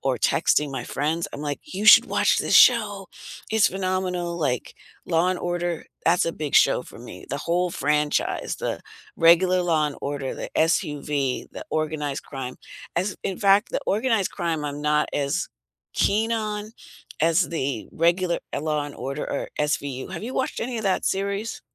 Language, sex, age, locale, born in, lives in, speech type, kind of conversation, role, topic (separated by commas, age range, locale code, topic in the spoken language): English, female, 60-64, en-US, United States, United States, conversation, unstructured, none, What TV show would you recommend to absolutely everyone?